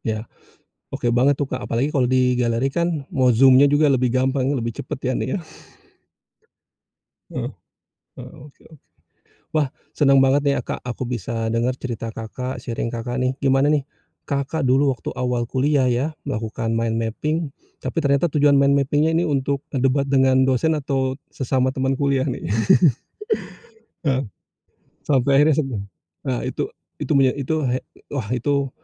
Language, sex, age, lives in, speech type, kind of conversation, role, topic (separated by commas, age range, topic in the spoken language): Indonesian, male, 45-49, Indonesia, conversation, podcast, host, Bagaimana cara kamu mencatat atau menyimpan ide-ide yang muncul tiba-tiba?